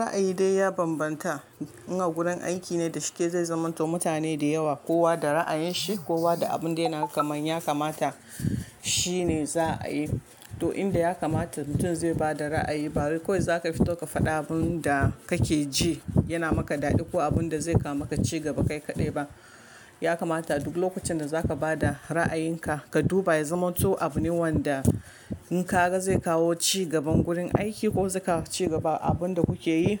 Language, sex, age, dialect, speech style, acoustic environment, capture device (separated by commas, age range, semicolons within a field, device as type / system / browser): Hausa, female, 25-34, Nigeria - Kano, relaxed; focused, silence, mobile / Linux / Chrome